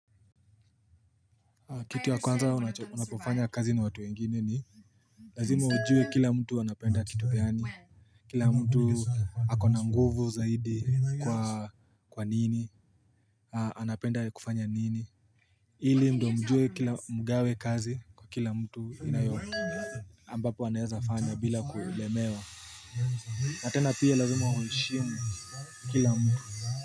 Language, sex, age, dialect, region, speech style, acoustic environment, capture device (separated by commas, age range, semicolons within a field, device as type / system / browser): Swahili, male, 18-24, Kenya - Nairobi Sheng-influenced, Kenya, happy; focused; tired, nature; people talking; music; construction, mobile / Linux / Chrome